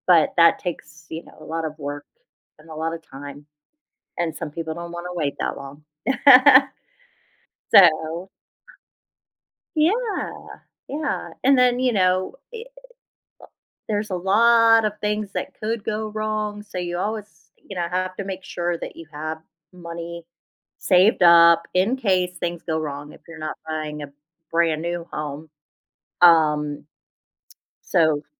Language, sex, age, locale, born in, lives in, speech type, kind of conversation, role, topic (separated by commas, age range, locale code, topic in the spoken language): English, female, 45-49, en-US, United States, United States, conversation, advice, advisor, How do I buy my first home?